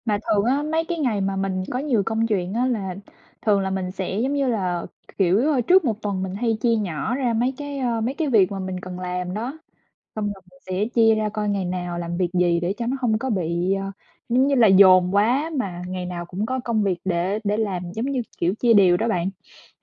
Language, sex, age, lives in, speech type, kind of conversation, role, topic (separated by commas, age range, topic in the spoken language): Vietnamese, male, 20-24, United States, conversation, unstructured, none, Bạn có thói quen nào giúp bạn tập trung hơn không?